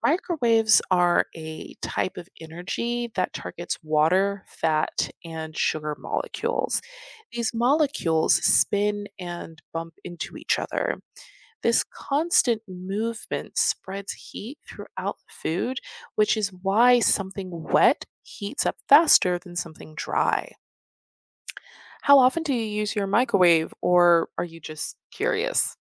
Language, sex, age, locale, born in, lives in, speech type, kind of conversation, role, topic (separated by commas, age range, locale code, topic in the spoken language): English, female, 25-29, en-US, United States, United States, read, expert-assistant, expert, How can you safely and effectively reheat food in a microwave?